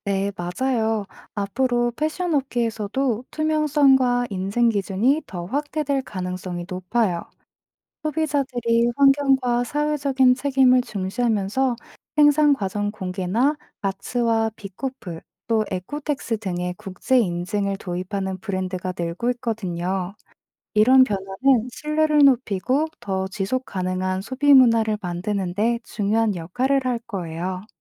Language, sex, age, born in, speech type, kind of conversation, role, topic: Korean, female, 25-29, South Korea, read, expert-assistant, expert, 패스트 패션의 장단점과 우리가 패션을 선택할 때 고려해야 할 점은 무엇일까요?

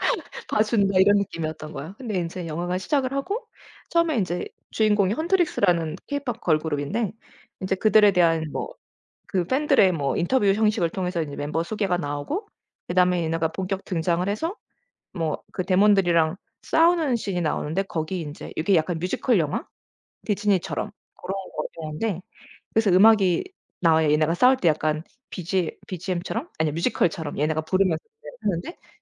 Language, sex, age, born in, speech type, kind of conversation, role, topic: Korean, female, 40-44, United States, conversation, podcast, guest, 추천하고 싶은 노래가 한 곡 있나요?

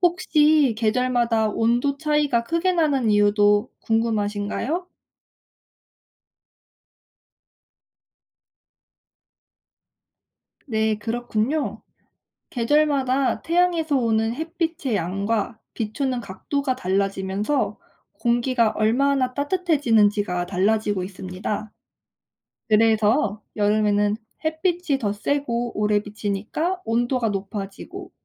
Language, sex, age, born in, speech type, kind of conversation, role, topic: Korean, female, 25-29, South Korea, read, expert-assistant, expert, 지구에는 왜 계절이 있나요?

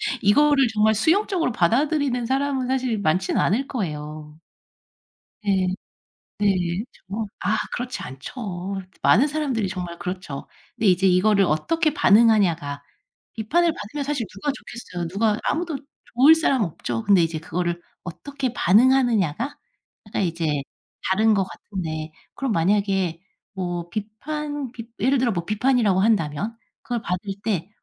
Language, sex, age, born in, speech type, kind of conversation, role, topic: Korean, female, 35-39, South Korea, conversation, advice, advisor, 비판을 받으면 왜 즉시 방어적이 되거나 공격적으로 반응하게 되나요?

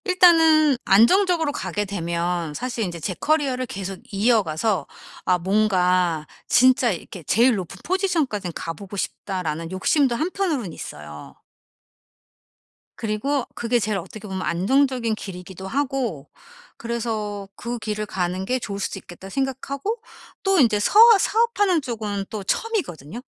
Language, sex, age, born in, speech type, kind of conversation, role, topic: Korean, female, 45-49, South Korea, conversation, advice, user, 지금이 경력 전환을 시작하기에 적기인지 어떻게 알 수 있을까요?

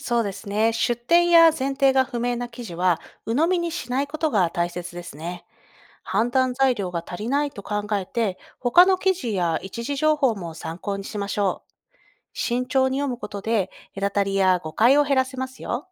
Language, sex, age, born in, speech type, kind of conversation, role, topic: Japanese, female, 30-34, Japan, read, expert-assistant, expert, ネットで記事を読むとき、内容の正しさをどうやって判断していますか？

